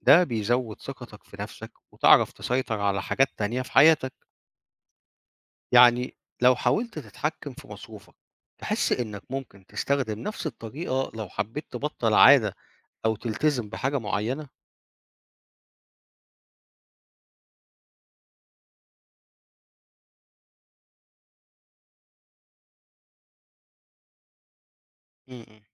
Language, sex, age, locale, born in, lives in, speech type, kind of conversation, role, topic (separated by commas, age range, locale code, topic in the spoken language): Arabic, male, 50-54, ar-EG, Egypt, Portugal, read, expert-assistant, expert, ما هي أهمية التوفير وإدارة المال منذ الصغر؟